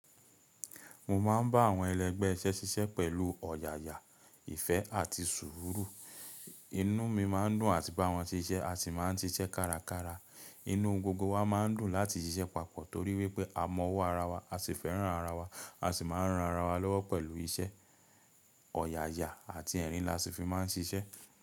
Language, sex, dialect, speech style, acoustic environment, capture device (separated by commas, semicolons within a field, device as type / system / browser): Yoruba, male, Nigeria - Nigerian Standard, relaxed, silence; nature; appliances, mobile / Linux / Chrome